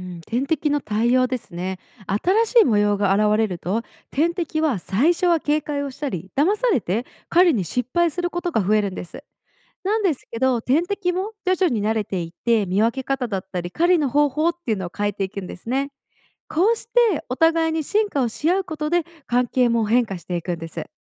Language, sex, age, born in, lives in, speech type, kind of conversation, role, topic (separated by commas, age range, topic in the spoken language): Japanese, female, 25-29, Japan, United States, read, expert-assistant, expert, 動物や植物に新しい能力が加わると生態系はどう変わりますか？